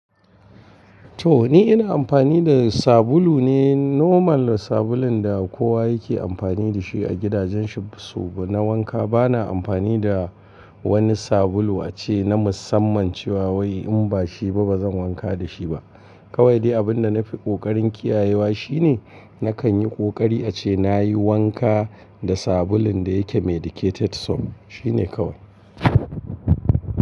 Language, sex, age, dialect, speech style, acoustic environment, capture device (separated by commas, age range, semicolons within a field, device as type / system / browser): Hausa, male, 45-54, Nigeria - Kano, focused, appliances; people talking, mobile / Linux / Chrome